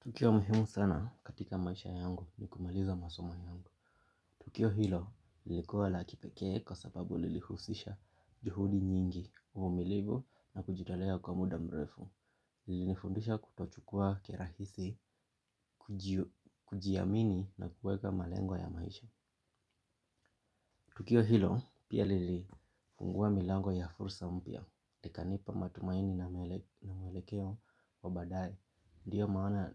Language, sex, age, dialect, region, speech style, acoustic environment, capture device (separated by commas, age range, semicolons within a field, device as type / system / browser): Swahili, male, 25-34, Kenya - Nairobi Sheng-influenced, Kenya, excited, nature, mobile / Linux / Chrome